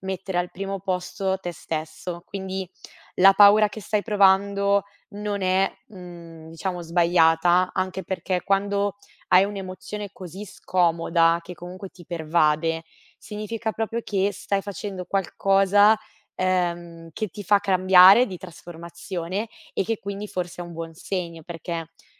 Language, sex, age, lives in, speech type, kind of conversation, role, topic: Italian, female, 25-29, Italy, conversation, advice, advisor, Come posso reinventarmi senza lasciarmi bloccare dalla paura di fallire e dal giudizio degli altri?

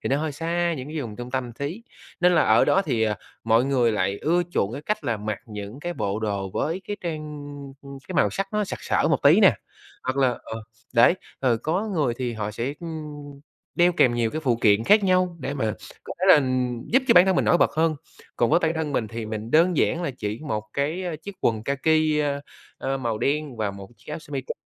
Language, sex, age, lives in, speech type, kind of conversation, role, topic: Vietnamese, male, 30-34, Vietnam, conversation, podcast, guest, Bạn chọn trang phục như thế nào để thể hiện cá tính của mình?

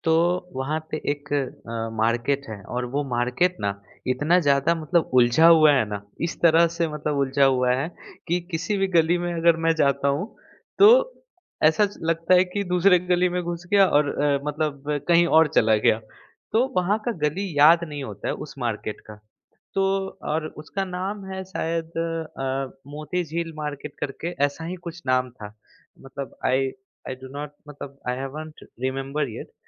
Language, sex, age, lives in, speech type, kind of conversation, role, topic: Hindi, male, 25-29, India, conversation, podcast, guest, Jab tum shehar mein raasta bhatak gaye the, tab kya hua?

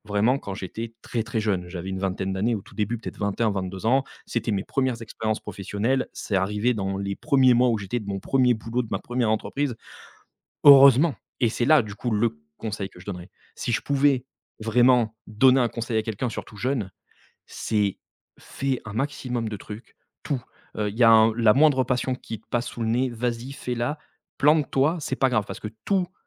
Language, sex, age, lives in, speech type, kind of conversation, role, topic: French, male, 35-39, France, conversation, podcast, guest, Comment as-tu appris à te relever après un échec ?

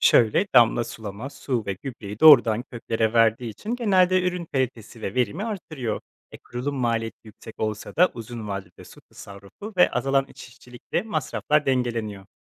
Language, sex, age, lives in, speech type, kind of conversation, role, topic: Turkish, male, 25-29, Poland, read, expert-assistant, expert, Tarımda kullanılan yeni teknolojiler ürün kalitesini ve maliyetleri nasıl etkiliyor, artıları ve eksileri neler?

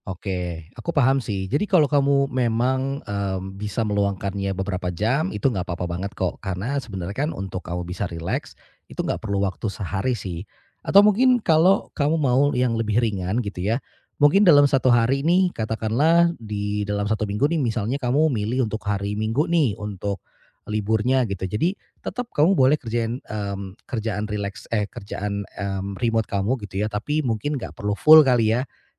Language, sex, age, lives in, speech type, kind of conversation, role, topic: Indonesian, male, 35-39, Indonesia, conversation, advice, advisor, Mengapa saya sulit rileks meski punya waktu luang?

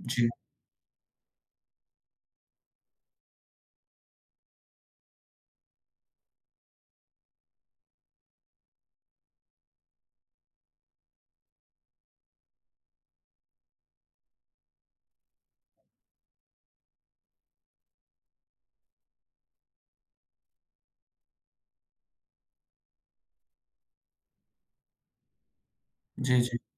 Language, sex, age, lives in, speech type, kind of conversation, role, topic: Hindi, male, 25-29, India, conversation, advice, advisor, मैं सुरक्षित और स्थिर तरीके से अपनी फिटनेस दिनचर्या फिर से कैसे शुरू करूँ?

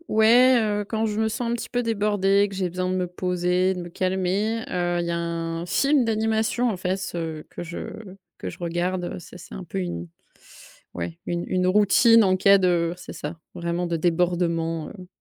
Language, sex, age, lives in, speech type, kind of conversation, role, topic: French, female, 30-34, France, conversation, podcast, guest, Quelle œuvre t’aide à te recentrer quand tout va trop vite ?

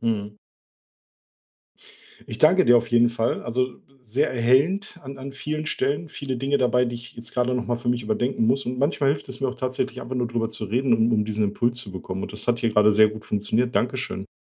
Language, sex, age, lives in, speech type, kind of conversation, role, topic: German, male, 45-49, Germany, conversation, advice, user, Wie kann ich den Blick auf den Prozess richten, statt mich auf das Ergebnis zu fixieren, wenn ich keine Kontrolle habe?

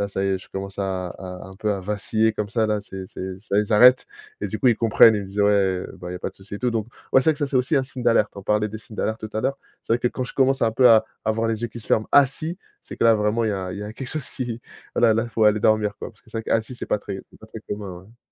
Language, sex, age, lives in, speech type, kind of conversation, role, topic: French, male, 30-34, France, conversation, podcast, guest, Comment évites-tu l’épuisement lié aux écrans ?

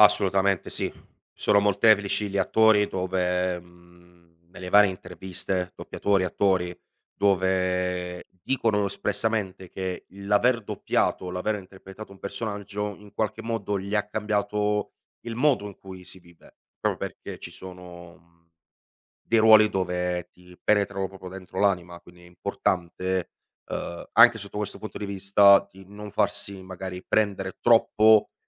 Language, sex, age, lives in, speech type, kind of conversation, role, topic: Italian, male, 25-29, Italy, conversation, podcast, guest, Qual è il primo passo che fai quando vuoi provare qualcosa di nuovo?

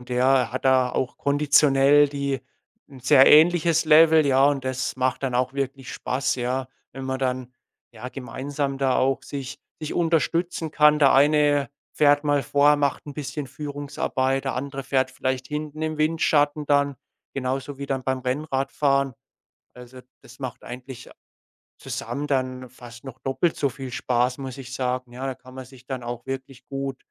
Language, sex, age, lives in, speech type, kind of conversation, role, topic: German, male, 25-29, Germany, conversation, podcast, guest, Wie findest du die Balance zwischen Training und Erholung?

